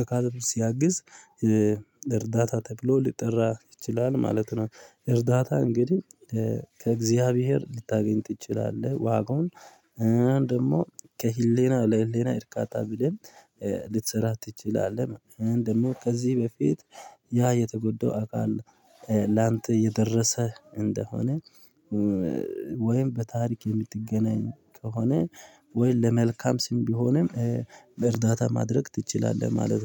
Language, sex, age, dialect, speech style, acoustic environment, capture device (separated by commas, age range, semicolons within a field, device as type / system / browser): Amharic, male, 25-34, Ethiopia - Addis Ababa, happy, people talking; traffic; music; nature; construction, mobile / Linux / Chrome